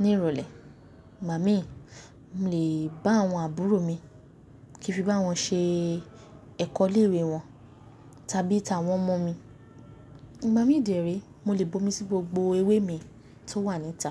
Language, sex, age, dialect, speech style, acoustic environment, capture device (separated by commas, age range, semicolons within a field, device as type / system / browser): Yoruba, female, 18-24, Nigeria - Nigerian Standard, excited, nature, mobile / Linux / Chrome